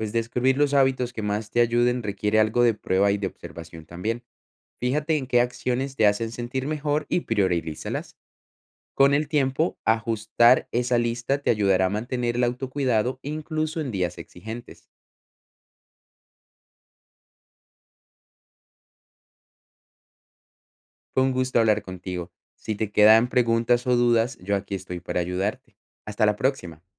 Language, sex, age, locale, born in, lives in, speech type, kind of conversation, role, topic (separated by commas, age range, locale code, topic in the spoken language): Spanish, male, 25-29, es-CO, Colombia, Netherlands, read, expert-assistant, expert, ¿Cómo puedo encontrar un equilibrio saludable entre mi bienestar personal y el ritmo acelerado de la vida urbana?